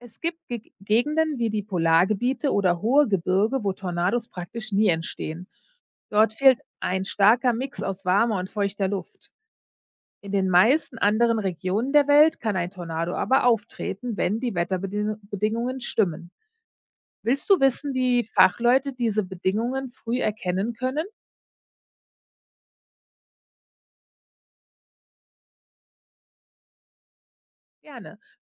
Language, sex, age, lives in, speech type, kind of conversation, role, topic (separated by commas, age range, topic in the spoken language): German, female, 40-44, United States, read, expert-assistant, expert, Wie entsteht ein Tornado?